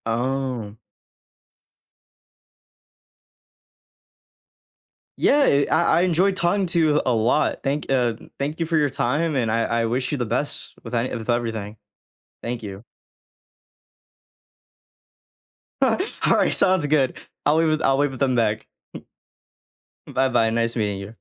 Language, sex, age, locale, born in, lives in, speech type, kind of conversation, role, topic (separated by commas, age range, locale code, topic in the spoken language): English, male, 20-24, en-US, United States, United States, conversation, unstructured, none, What's your favorite way to unwind after a long day?